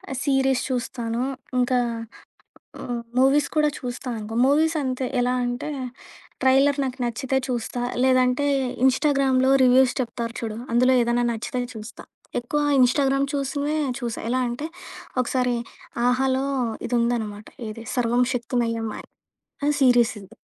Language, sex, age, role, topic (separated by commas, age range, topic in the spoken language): Telugu, female, 25-29, guest, హాబీపై ఉత్సాహం తగ్గినప్పుడు దాన్ని మళ్లీ ఎలా పెంచుకుంటారు?